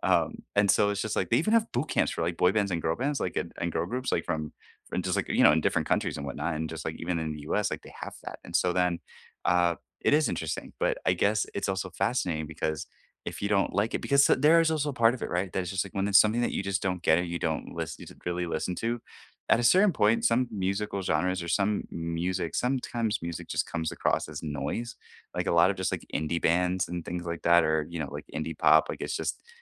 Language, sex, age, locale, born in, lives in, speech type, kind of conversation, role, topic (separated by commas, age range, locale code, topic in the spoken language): English, male, 30-34, en-US, United States, United States, conversation, unstructured, none, How did your first concert open your ears, influence your playlists, and shape who you listened to music with?